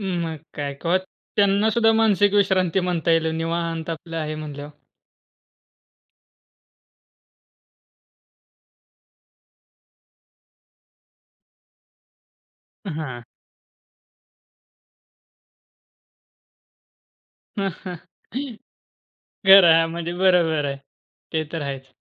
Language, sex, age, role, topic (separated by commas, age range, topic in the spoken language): Marathi, male, 20-24, host, घरी असताना मानसिक विश्रांती कशी निर्माण करता?